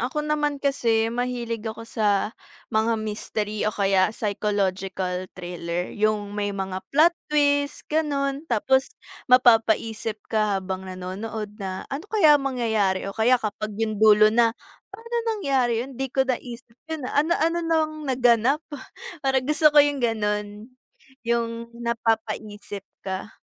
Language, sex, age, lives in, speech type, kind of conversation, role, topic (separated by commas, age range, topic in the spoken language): Filipino, female, 20-24, Philippines, conversation, unstructured, none, Anong mga katangian ang hinahanap mo sa isang magandang pelikula?